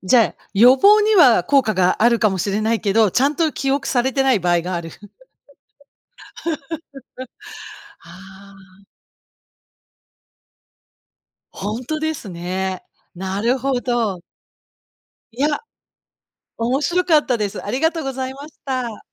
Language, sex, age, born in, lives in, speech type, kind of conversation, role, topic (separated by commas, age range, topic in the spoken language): Japanese, female, 55-59, Japan, United States, conversation, unstructured, none, テクノロジーによる監視社会は嫌だと思いますか？